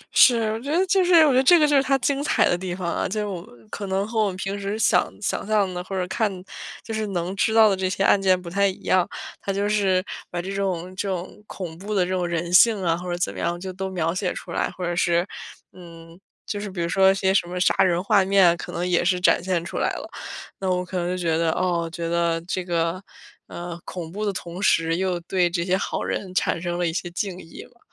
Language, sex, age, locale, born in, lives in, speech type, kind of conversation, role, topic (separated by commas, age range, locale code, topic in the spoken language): Chinese, female, 25-29, zh-CN, China, United States, conversation, unstructured, none, 你觉得电影的结局重要吗？